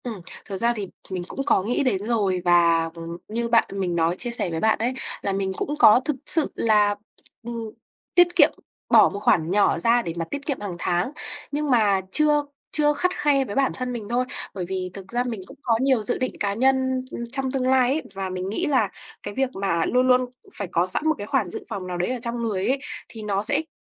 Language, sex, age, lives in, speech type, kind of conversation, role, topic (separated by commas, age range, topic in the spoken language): Vietnamese, female, 20-24, Vietnam, conversation, advice, user, Mua sắm bộc phát khiến tháng nào cũng hết tiền